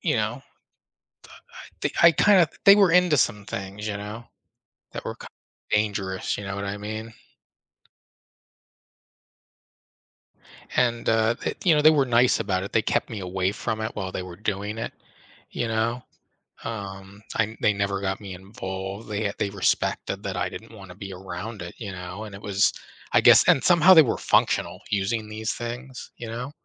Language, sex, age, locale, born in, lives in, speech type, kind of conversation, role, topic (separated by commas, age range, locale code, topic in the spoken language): English, male, 35-39, en-US, United States, United States, conversation, advice, user, How do I cope with losing a close friend or family member?